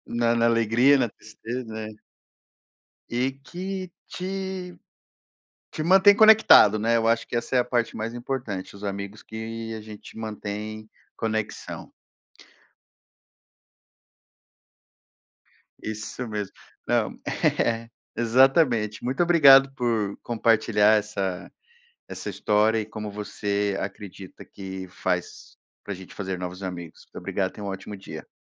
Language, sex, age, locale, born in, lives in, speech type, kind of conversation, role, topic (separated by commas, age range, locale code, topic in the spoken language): Portuguese, male, 35-39, pt-BR, Brazil, United States, conversation, podcast, host, Como você faz novas amizades em diferentes fases da vida?